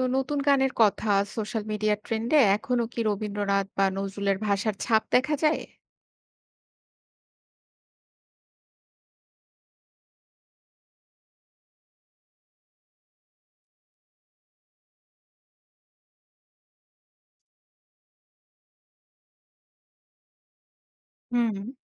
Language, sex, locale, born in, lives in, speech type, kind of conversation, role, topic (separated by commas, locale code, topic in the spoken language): Bengali, female, bn-BD, Bangladesh, Bangladesh, read, expert-assistant, asker, রবীন্দ্রনাথ নাকি নজরুল—কার কবিতার ভাষা আজকের তরুণদের বেশি ছুঁয়ে যায়?